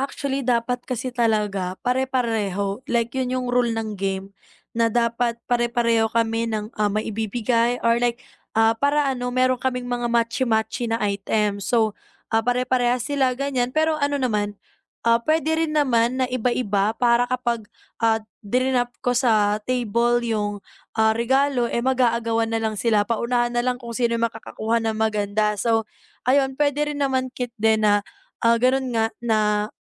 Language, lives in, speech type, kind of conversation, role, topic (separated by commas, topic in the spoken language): Filipino, Philippines, conversation, advice, user, Anong klase ng regalo ang kadalasang nagugustuhan ng lahat?